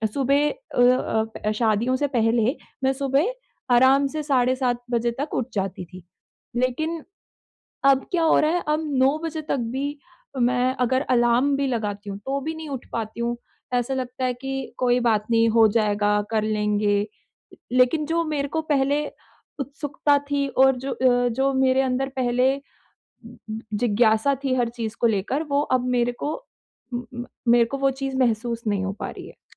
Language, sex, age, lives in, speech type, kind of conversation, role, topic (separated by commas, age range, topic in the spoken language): Hindi, female, 25-29, India, conversation, advice, user, विराम के बाद मैं अपनी नियमितता फिर से कैसे पा सकता/सकती हूँ?